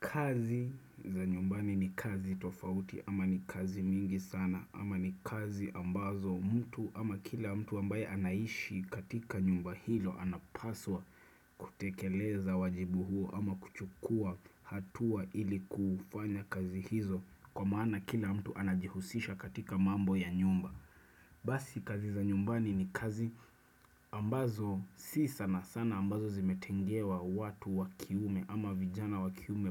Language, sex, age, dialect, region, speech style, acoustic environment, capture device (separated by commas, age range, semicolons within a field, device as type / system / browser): Swahili, male, 25-34, Kenya - Nairobi Sheng-influenced, Kenya, focused; relaxed, silence, desktop / Windows / Chrome